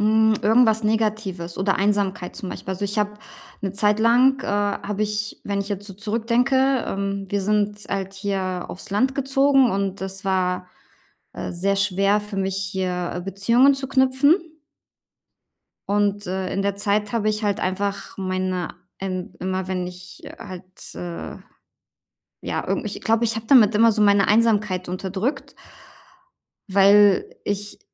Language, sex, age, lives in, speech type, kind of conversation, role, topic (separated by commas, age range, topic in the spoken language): German, female, 35-39, Germany, conversation, advice, user, Wie kann ich impulsive Käufe bei Stress und Langeweile stoppen?